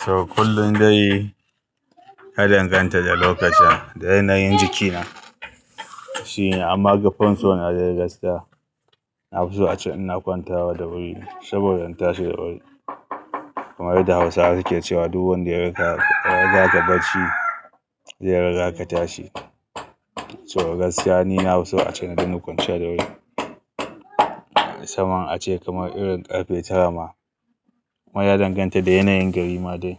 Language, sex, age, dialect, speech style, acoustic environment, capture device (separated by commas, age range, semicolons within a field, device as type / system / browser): Hausa, male, 35-44, Nigeria - Kano, happy, people talking, mobile / Linux / Chrome